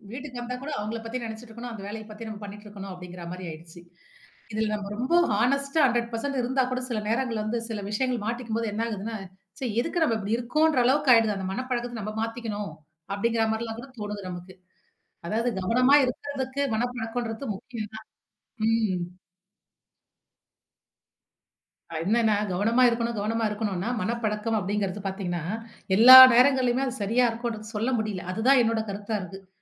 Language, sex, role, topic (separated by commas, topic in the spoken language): Tamil, female, guest, தினமும் கவனமாக இருக்க மனப் பழக்கத்தை நீங்கள் எப்படி உருவாக்கினீர்கள்?